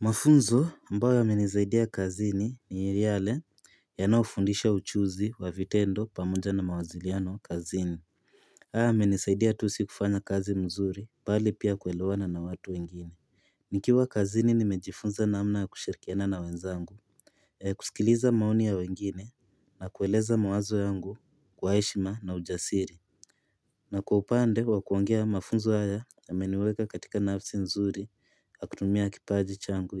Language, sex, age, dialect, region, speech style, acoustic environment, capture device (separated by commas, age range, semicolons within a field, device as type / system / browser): Swahili, male, 18-24, Kenya - Nairobi Sheng-influenced, Kenya, relaxed, nature, mobile / Linux / Chrome